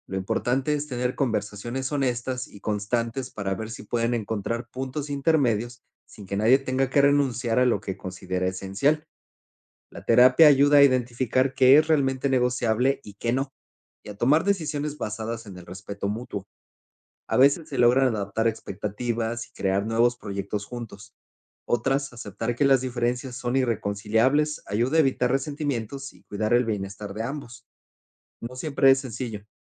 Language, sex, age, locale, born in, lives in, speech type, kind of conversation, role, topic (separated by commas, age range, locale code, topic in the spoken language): Spanish, male, 40-44, es-MX, Mexico, Spain, read, expert-assistant, expert, ¿Cómo saber si los acuerdos en pareja son genuinos y no solo para evitar conflictos?